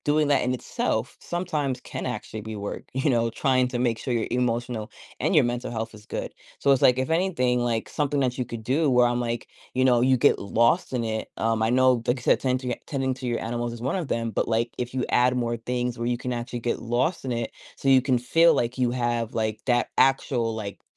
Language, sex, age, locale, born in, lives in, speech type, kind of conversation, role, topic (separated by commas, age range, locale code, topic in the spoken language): English, female, 30-34, en-US, United States, United States, conversation, advice, advisor, How can I reduce stress from everyday responsibilities and avoid feeling overwhelmed?